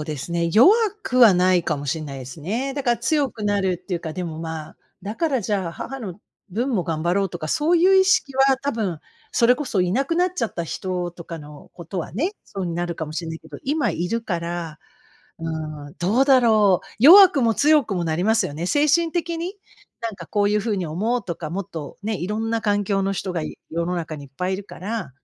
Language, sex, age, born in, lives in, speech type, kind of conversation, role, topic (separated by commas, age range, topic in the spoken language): Japanese, female, 55-59, Japan, United States, conversation, unstructured, none, 悲しみを感じることは弱さだと思いますか？